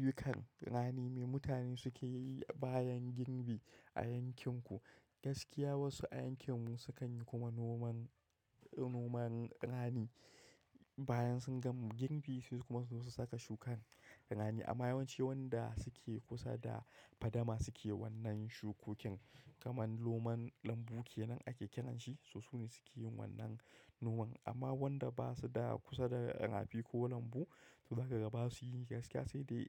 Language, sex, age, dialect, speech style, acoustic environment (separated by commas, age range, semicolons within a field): Hausa, male, 25-34, Nigeria - Kano, happy, nature; silence